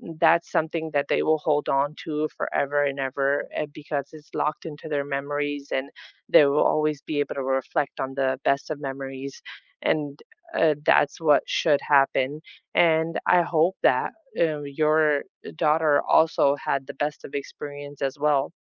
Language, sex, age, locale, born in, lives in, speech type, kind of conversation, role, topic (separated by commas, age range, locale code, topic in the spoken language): English, female, 30-34, en-US, United States, United States, conversation, unstructured, none, What place truly feels like home to you, and what makes it feel that way?